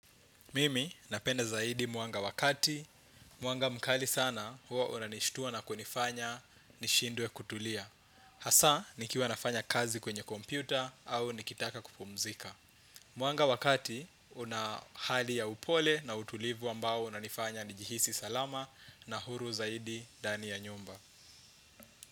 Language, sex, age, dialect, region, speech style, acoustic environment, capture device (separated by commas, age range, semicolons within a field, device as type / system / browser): Swahili, male, 25-34, Kenya - Nairobi Sheng-influenced, Kenya, relaxed; focused, silence, desktop / Windows / Chrome